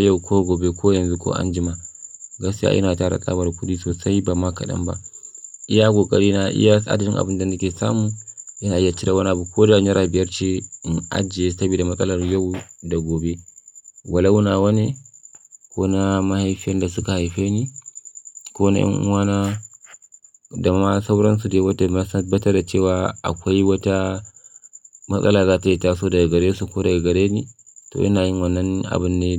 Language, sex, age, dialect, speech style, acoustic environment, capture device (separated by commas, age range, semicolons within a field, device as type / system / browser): Hausa, male, 25-34, Nigeria - Kano, focused, silence, mobile / Linux / Chrome